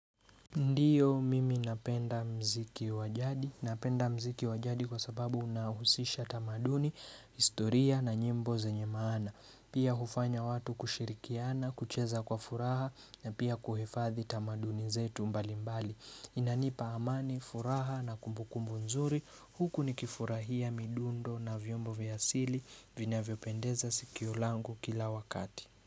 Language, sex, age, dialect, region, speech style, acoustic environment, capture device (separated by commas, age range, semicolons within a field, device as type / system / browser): Swahili, male, 25-34, Kenya - Nairobi Sheng-influenced, Kenya, relaxed; focused; excited, nature; silence, mobile / Linux / Chrome